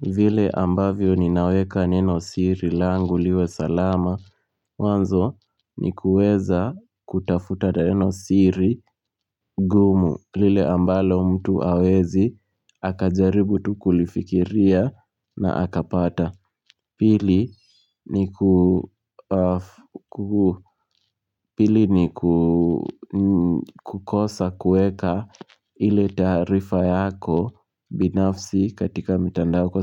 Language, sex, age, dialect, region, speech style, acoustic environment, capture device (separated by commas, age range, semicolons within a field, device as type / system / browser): Swahili, male, 18-24, Kenya - Nairobi Sheng-influenced, Kenya, happy; relaxed; focused, people talking; nature; silence; appliances, mobile / Linux / Chrome